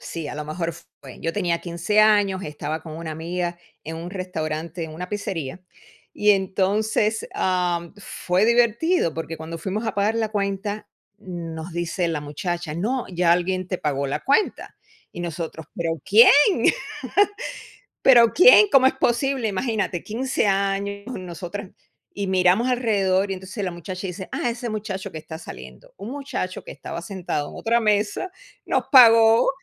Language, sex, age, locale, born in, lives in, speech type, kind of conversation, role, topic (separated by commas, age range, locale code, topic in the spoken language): Spanish, female, 55-59, es-US, Cuba, United States, conversation, unstructured, none, ¿Alguna vez has tenido una experiencia divertida o rara con la comida?